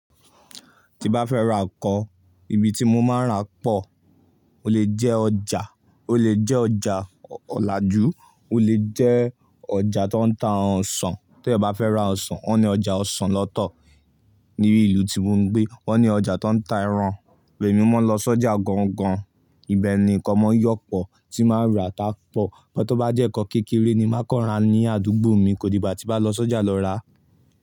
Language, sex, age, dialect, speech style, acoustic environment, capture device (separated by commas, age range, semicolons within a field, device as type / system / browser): Yoruba, male, 18-24, Nigeria - Ibadan, focused, silence, mobile / Linux / Chrome